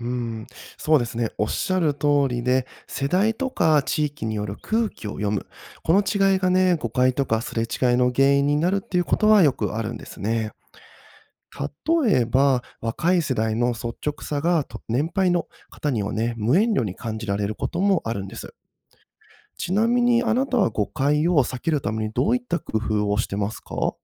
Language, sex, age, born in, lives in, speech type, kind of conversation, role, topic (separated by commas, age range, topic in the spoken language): Japanese, male, 30-34, Japan, Japan, read, expert-assistant, expert, 日本の『空気を読む』文化は感情表現にどのような影響を与えますか？